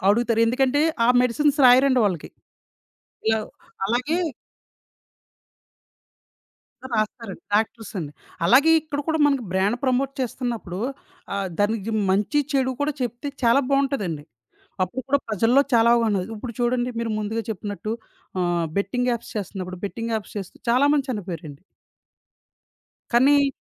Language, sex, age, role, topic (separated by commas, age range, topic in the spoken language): Telugu, male, 30-34, host, ఇన్ఫ్లూయెన్సర్లు బ్రాండ్లతో కలిసి పని చేయడాన్ని మీరు ఎలా చూస్తారు?